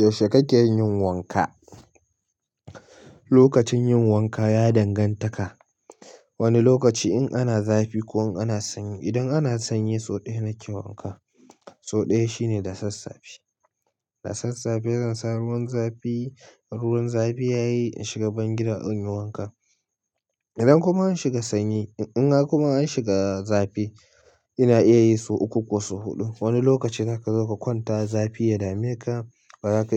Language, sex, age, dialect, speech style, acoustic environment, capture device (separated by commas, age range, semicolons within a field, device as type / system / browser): Hausa, male, 25-34, Nigeria - Nigerian Standard, relaxed, silence, mobile / Linux / Chrome